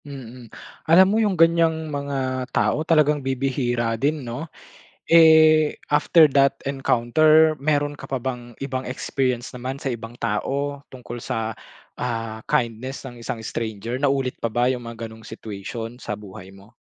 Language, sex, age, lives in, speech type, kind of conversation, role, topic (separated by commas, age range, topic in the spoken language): Filipino, male, 25-29, Philippines, conversation, podcast, host, May hindi inaasahang kabaitan ka bang natanggap mula sa isang estranghero?